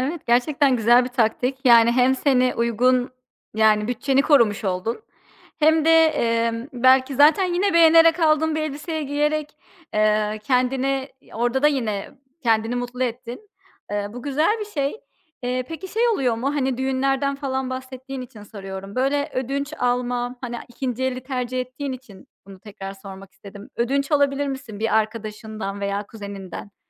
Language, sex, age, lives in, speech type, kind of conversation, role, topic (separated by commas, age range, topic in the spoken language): Turkish, female, 30-34, United States, conversation, podcast, host, Uygun bir bütçeyle nasıl şık görünebilirsiniz?